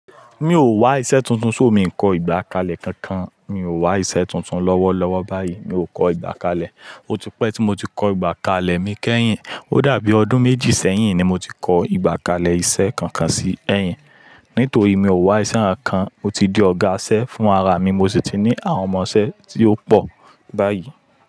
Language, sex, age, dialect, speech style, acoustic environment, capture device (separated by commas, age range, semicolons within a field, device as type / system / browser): Yoruba, male, 25-34, Nigeria - Lagos Yoruba, happy; relaxed, people talking, mobile / Linux / Chrome